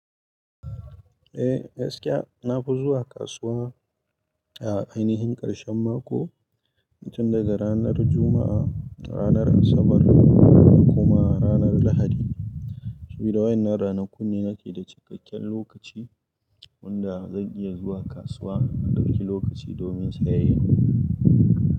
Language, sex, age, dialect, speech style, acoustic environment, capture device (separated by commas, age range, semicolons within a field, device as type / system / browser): Hausa, male, 25-34, Nigeria - Kano, relaxed, nature, mobile / Linux / Chrome